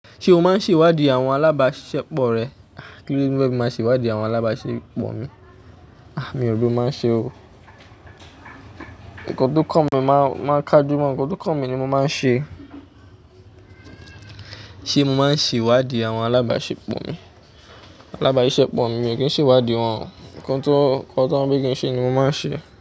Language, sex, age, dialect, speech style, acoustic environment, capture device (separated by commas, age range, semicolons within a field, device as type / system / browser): Yoruba, male, 25-34, Nigeria - Ijebu, happy; excited; focused, nature, mobile / Linux / Chrome